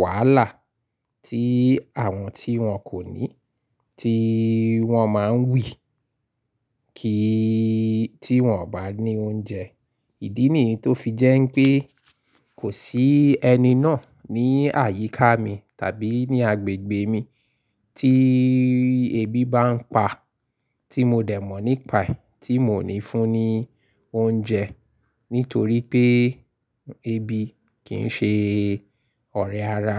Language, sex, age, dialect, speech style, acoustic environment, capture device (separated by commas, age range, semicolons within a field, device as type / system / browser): Yoruba, male, 35-44, Nigeria - Lagos Yoruba, happy; focused; excited, silence; appliances; construction, mobile / Linux / Chrome